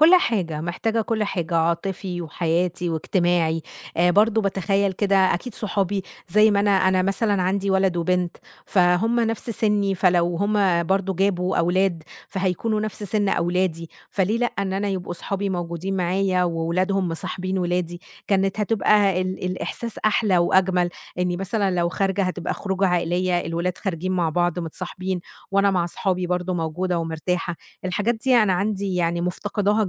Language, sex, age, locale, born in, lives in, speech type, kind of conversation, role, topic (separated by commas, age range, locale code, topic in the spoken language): Arabic, female, 30-34, ar-EG, Egypt, Egypt, conversation, advice, user, إزاي أثر عليك إن علاقتك بقت أضعف مع أصحابك أو شبكة الدعم بتاعتك بسبب ظروف وتغييرات حصلت في حياتك؟